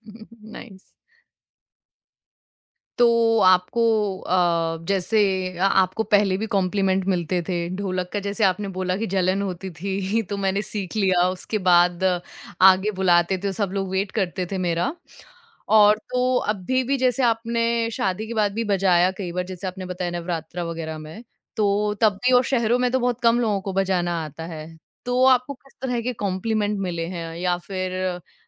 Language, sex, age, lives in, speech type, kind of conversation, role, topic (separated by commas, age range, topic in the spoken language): Hindi, female, 25-29, India, conversation, podcast, host, Bachpan ki kaunsi hobby tum aaj bhi karte ho?